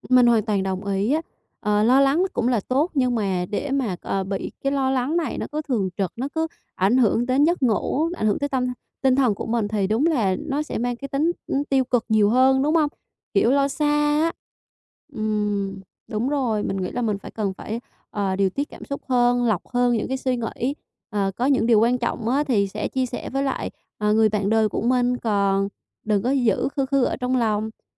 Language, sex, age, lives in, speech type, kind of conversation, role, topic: Vietnamese, female, 25-29, Vietnam, conversation, advice, user, Làm sao để học cách chấp nhận cảm xúc lo âu hằng ngày?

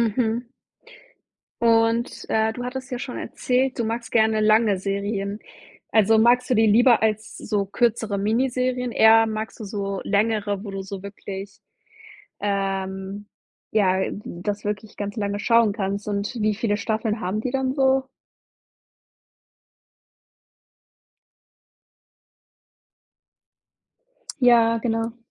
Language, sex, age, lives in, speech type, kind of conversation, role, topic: German, female, 20-24, Germany, conversation, podcast, host, Was macht für dich eine Serie spannend und so fesselnd, dass du sie am Stück weiterschaust?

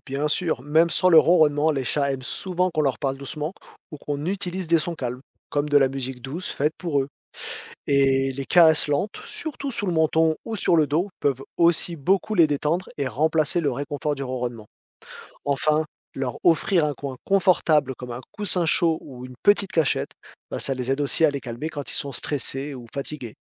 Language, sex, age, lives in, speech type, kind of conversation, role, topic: French, male, 40-44, France, read, expert-assistant, expert, Pourquoi les chats ronronnent-ils ?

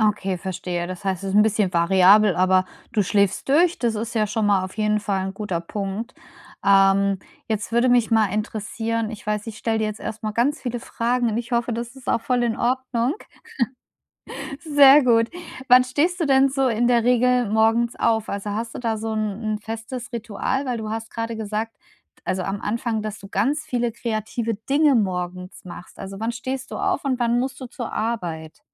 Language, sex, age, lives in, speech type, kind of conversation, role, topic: German, female, 35-39, Germany, conversation, advice, advisor, Wie kann ich morgens genug Energie haben, um den ganzen Tag über leistungsfähig zu bleiben?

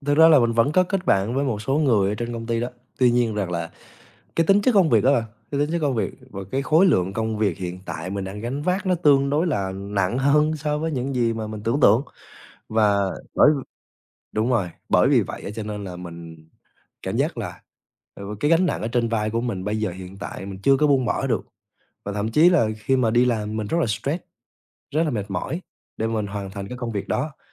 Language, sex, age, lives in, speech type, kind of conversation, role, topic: Vietnamese, male, 20-24, Vietnam, conversation, podcast, guest, Bạn thường chọn nghề theo đam mê hay ưu tiên sự an toàn?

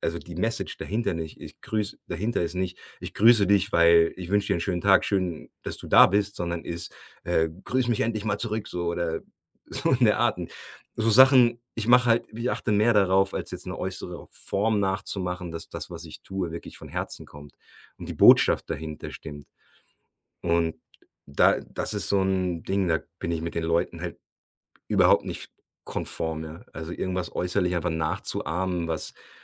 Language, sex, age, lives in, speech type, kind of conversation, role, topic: German, male, 40-44, Germany, conversation, advice, user, Wie kann ich mich in diesem Land sicherer im Umgang mit sozialen Normen verhalten?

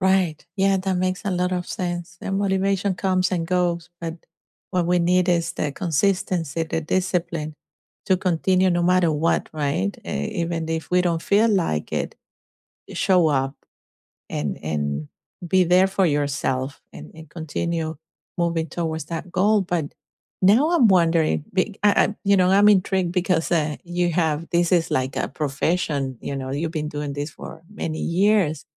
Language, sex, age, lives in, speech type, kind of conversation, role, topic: English, female, 50-54, United States, conversation, unstructured, none, Do you prefer working out alone or doing group activities, and why does that choice suit you?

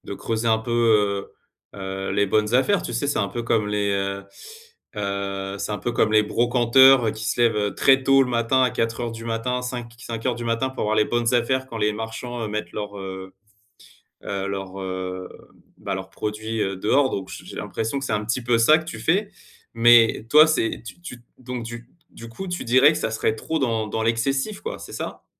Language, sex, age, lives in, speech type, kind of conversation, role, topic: French, male, 35-39, France, conversation, advice, advisor, Comment décririez-vous votre peur de rater une bonne affaire ou une promotion ?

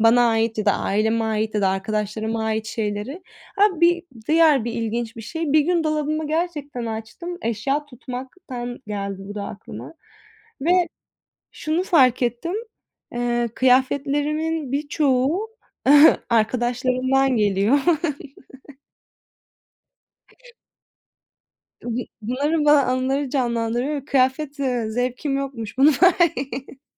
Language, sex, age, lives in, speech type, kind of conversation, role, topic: Turkish, female, 25-29, Italy, conversation, unstructured, none, Sevdiklerinin anısını yaşatmak için neler yaparsın?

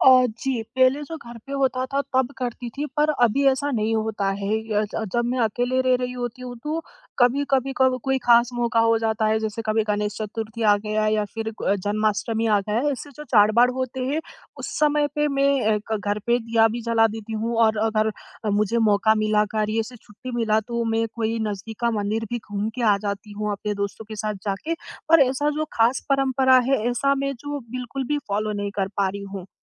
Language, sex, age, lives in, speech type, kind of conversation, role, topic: Hindi, female, 20-24, India, conversation, advice, user, मैं जहाँ भी रहूँ, वहाँ घर जैसा रूटीन और अपनी रोज़मर्रा की आदतें कैसे बना सकता हूँ?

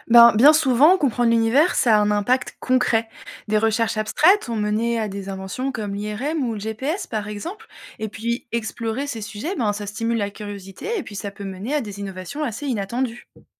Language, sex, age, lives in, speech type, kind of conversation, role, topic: French, female, 30-34, France, read, expert-assistant, expert, Chercher à comprendre l’univers, ça nous apporte quoi concrètement et est-ce qu’il y a des inconvénients à toujours vouloir en savoir plus ?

